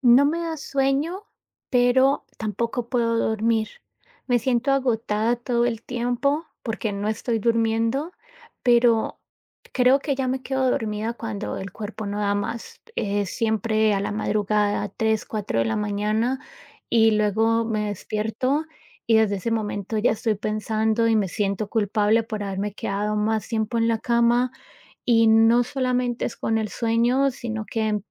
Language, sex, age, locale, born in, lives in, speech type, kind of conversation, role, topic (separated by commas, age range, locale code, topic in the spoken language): Spanish, female, 35-39, es-CO, United States, United States, conversation, advice, user, ¿Cómo te has sentido cuando el estrés diario te abruma y no logras calmar la mente?